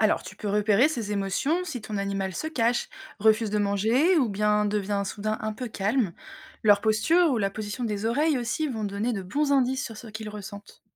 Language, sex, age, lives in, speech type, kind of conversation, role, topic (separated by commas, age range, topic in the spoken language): French, female, 30-34, France, read, expert-assistant, expert, Penses-tu que les animaux ont leur propre façon de voir le monde ? Comment imagines-tu la vie d’un animal familier ?